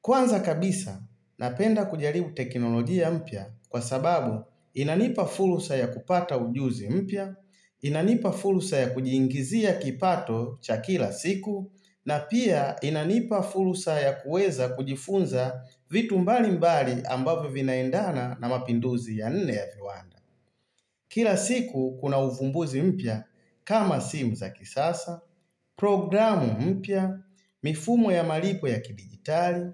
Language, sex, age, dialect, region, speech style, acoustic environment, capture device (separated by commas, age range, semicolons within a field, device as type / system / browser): Swahili, male, 35-44, Tanzania - Dar es Salaam, Tanzania, excited; happy; focused; relaxed, silence, mobile / Linux / Chrome